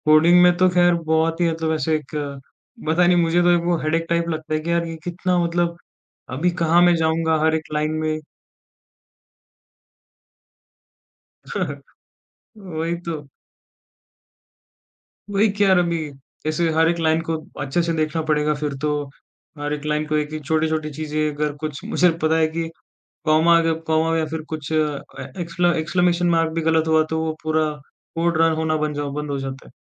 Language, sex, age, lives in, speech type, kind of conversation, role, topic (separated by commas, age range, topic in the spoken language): Hindi, male, 20-24, India, conversation, podcast, host, Sorry bolne se zyada kaunse kaam waqai maayne rakhte hain?